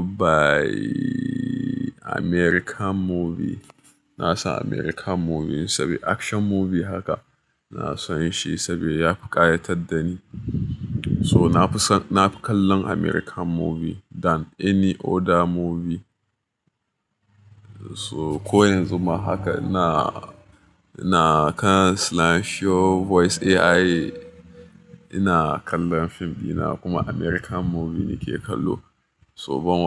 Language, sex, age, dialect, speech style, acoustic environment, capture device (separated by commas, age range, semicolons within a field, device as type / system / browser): Hausa, male, 25-34, Nigeria - Nigerian Standard, happy, music; appliances, mobile / Linux / Chrome